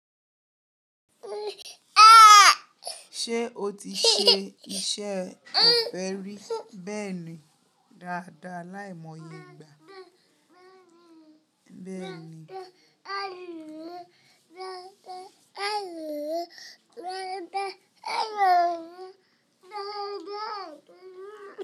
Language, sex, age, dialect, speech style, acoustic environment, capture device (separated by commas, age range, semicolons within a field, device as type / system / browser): Yoruba, female, 25-34, Nigeria - Ibadan, relaxed, people talking, mobile / Linux / Chrome